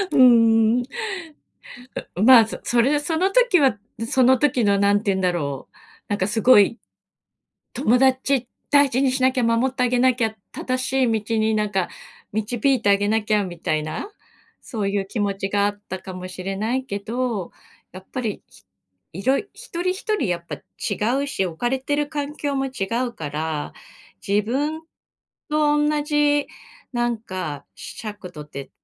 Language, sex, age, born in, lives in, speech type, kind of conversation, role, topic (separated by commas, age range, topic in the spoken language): Japanese, female, 50-54, Japan, Japan, conversation, podcast, guest, 本当の友達はどう見分ければいいと思いますか？